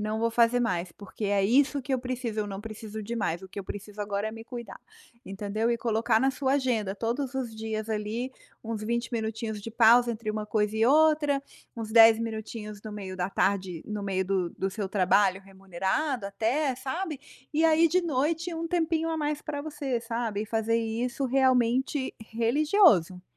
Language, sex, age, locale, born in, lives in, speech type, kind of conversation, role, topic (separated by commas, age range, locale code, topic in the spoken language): Portuguese, female, 45-49, pt-BR, Brazil, United States, conversation, advice, advisor, Como posso equilibrar melhor o trabalho e o autocuidado?